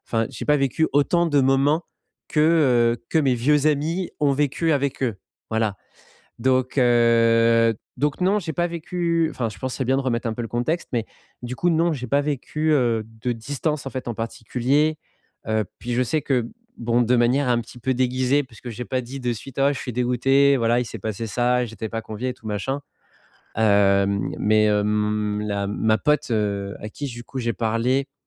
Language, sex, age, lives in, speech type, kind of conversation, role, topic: French, male, 30-34, France, conversation, advice, user, Que faire si je me sens exclu(e) quand mon groupe d’amis organise des sorties sans moi ?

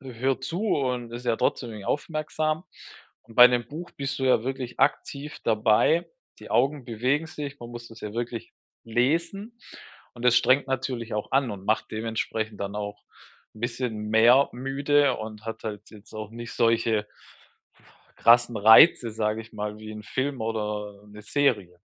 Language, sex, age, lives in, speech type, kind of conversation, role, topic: German, male, 35-39, Germany, conversation, advice, advisor, Wie kann ich durch feste Schlafzeiten besser und regelmäßiger schlafen?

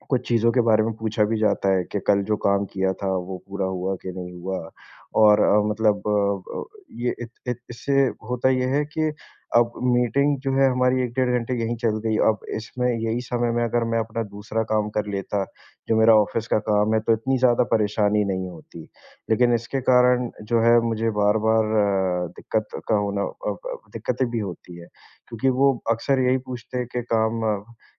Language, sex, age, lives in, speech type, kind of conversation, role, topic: Hindi, male, 25-29, India, conversation, advice, user, ऑफिस की बार-बार होने वाली मीटिंगों से आपका गहरा काम कैसे बाधित हो रहा है?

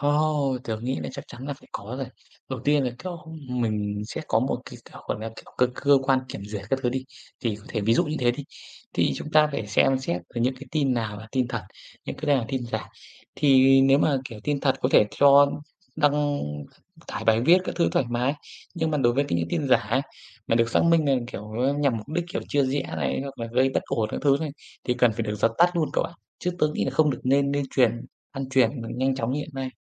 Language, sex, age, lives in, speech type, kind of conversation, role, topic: Vietnamese, male, 25-29, Vietnam, conversation, unstructured, none, Theo bạn, tin giả ảnh hưởng như thế nào đến xã hội?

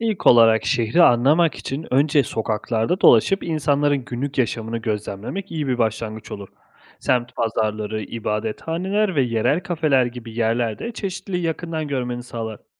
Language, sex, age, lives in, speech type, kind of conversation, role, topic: Turkish, male, 30-34, Italy, read, expert-assistant, expert, Bir şehrin kültürel çeşitliliğini araştırmak isteyen biri nereden başlamalı, hangi kaynakları kullanmalı?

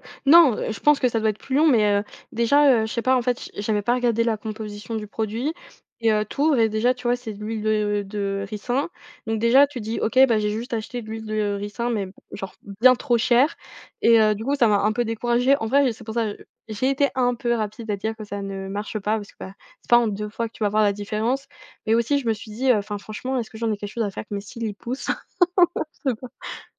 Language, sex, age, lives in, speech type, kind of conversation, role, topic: French, female, 20-24, France, conversation, podcast, guest, Comment éviter de faire défiler son téléphone sans but ?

